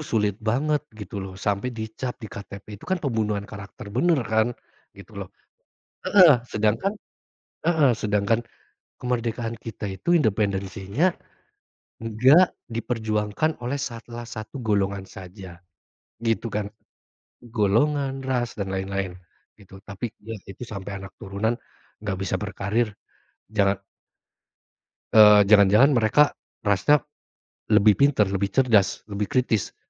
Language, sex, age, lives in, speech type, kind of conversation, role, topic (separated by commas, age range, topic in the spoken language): Indonesian, male, 30-34, Indonesia, conversation, unstructured, none, Seberapa besar pengaruh propaganda dalam membentuk sejarah menurutmu?